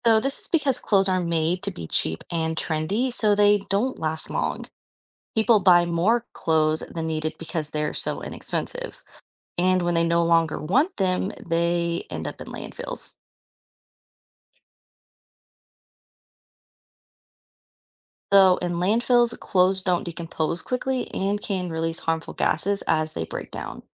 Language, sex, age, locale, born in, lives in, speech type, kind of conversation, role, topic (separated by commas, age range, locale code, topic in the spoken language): English, female, 25-29, en-US, United States, United States, read, expert-assistant, expert, What is fast fashion?